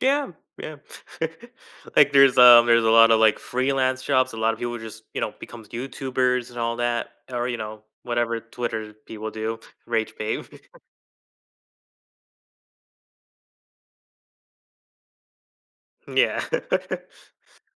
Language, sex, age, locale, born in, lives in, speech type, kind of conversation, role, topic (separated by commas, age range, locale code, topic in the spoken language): English, male, 20-24, en-US, United States, United States, conversation, podcast, host, What kinds of events or ideas have most transformed the course of human history?